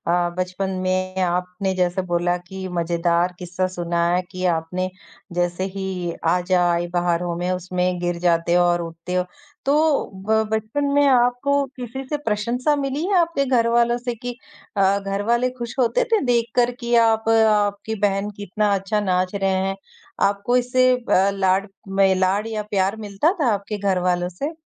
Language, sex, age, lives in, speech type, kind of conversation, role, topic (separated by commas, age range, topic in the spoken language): Hindi, female, 20-24, India, conversation, podcast, host, किस गाने पर बिना सोचे तुम नाचने लगते हो?